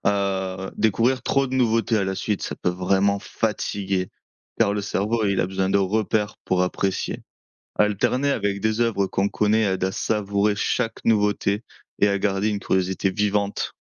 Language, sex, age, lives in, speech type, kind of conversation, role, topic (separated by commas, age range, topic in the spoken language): French, male, 25-29, France, read, expert-assistant, expert, Comment mieux profiter de ses envies culturelles sans se laisser submerger ?